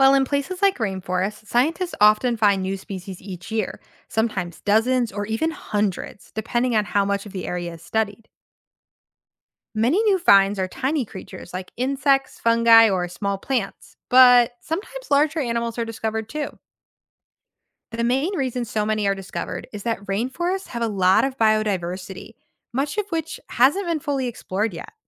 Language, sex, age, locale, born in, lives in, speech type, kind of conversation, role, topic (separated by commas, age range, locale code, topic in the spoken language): English, female, 25-29, en-US, United States, United States, read, expert-assistant, expert, Why do some plants and animals go extinct?